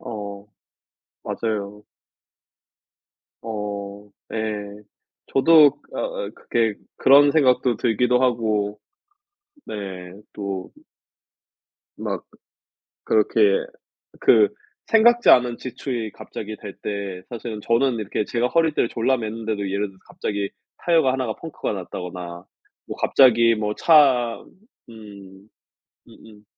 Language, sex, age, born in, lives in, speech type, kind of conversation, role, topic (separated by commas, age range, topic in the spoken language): Korean, male, 35-39, South Korea, United States, conversation, unstructured, none, 저축을 꾸준히 하려면 어떻게 해야 할까요?